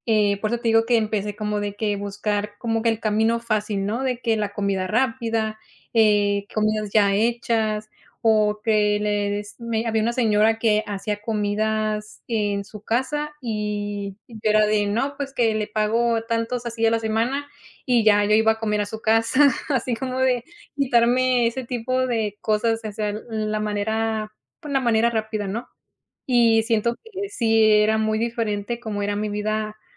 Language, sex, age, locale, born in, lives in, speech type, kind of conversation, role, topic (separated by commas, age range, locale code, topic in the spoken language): Spanish, female, 30-34, es-MX, Mexico, United States, conversation, podcast, guest, ¿Cómo manejas el equilibrio entre el trabajo y la vida personal?